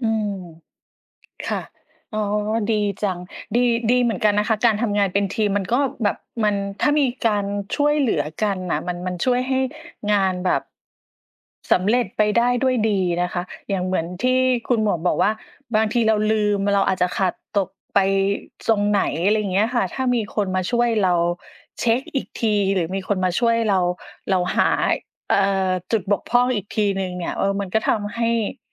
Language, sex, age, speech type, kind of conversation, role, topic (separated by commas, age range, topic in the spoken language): Thai, female, 40-44, conversation, unstructured, none, ประสบการณ์การทำงานเป็นทีมที่น่าจดจำที่สุดของคุณคืออะไร?